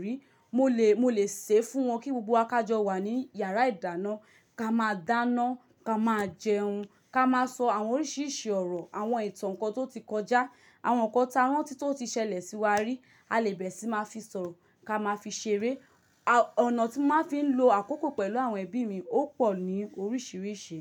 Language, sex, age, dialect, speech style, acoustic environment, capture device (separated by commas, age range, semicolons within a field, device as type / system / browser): Yoruba, female, 25-34, Nigeria - Lagos, happy, silence, mobile / Linux / Chrome